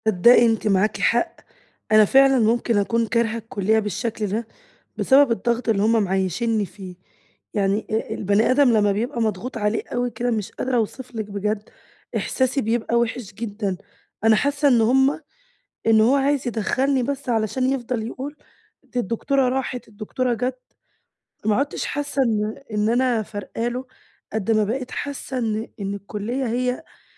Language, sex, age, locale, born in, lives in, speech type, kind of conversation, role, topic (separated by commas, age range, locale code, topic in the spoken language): Arabic, female, 20-24, ar-EG, Egypt, Greece, conversation, advice, user, إزاي أتعامل مع ضغط أهلي إني أدخل شغلانة مش حاسس إنها بتعبّر عني؟